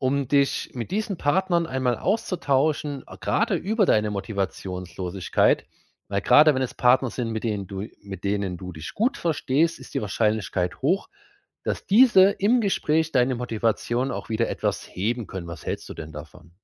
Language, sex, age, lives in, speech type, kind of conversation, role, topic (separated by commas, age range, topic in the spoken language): German, male, 30-34, Germany, conversation, advice, advisor, Wie kann ich meine Motivation zurückgewinnen, wenn ich seit Wochen im Training auf einem Plateau feststecke?